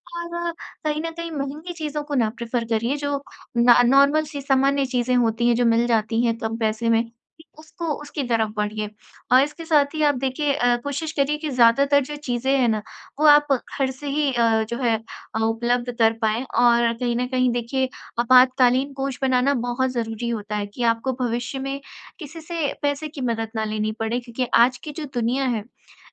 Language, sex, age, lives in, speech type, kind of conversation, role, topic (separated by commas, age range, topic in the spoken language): Hindi, female, 20-24, India, conversation, advice, advisor, वित्तीय चिंता से निपटना